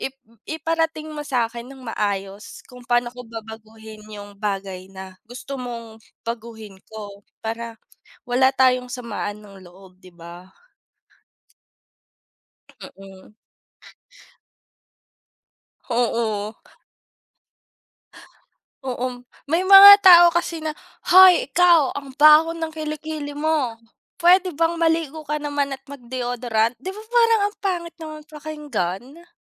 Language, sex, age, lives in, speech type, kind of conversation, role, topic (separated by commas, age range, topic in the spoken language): Filipino, female, 25-29, Philippines, conversation, unstructured, none, Ano ang pakiramdam kapag pinipilit kang baguhin ang iyong sarili?